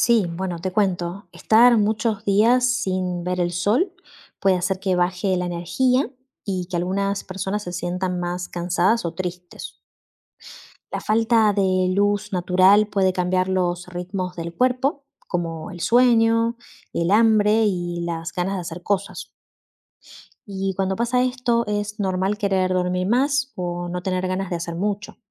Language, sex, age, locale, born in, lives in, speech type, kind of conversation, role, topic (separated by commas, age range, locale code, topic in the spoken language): Spanish, female, 35-39, es-AR, Argentina, Netherlands, read, expert-assistant, expert, La lluvia puede influir en el estado de ánimo de las personas